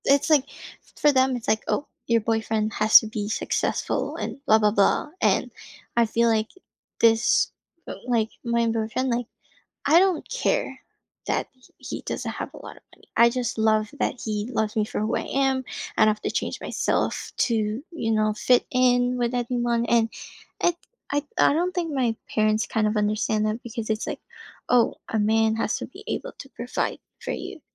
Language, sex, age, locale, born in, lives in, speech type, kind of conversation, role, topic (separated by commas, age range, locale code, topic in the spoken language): English, female, 20-24, en-US, Philippines, United States, conversation, advice, user, How do I make our family reunion joyful and inclusive for all ages?